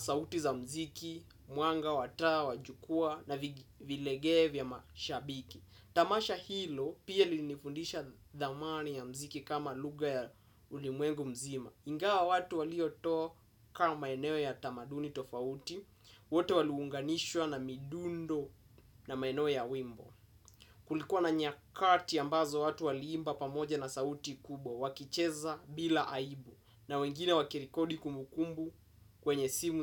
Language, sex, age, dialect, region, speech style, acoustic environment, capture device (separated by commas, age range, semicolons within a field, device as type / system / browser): Swahili, male, 18-24, Kenya - Mombasa, Kenya, happy, silence, desktop / Windows / Chrome